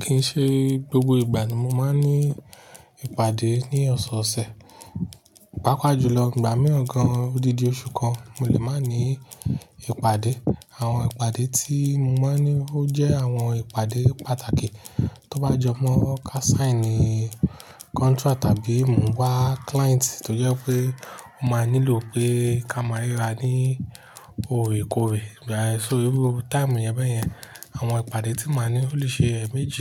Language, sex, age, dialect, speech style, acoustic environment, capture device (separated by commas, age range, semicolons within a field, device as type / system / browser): Yoruba, male, 35-44, Nigeria - Nigerian Standard, focused, nature, mobile / Linux / Chrome